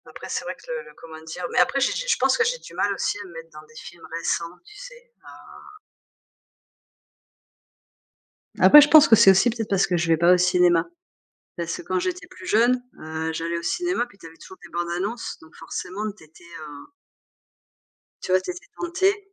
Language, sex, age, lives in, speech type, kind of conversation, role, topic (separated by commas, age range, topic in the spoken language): French, female, 45-49, France, conversation, unstructured, none, Préféreriez-vous vivre sans musique ou sans films pour le reste de votre vie ?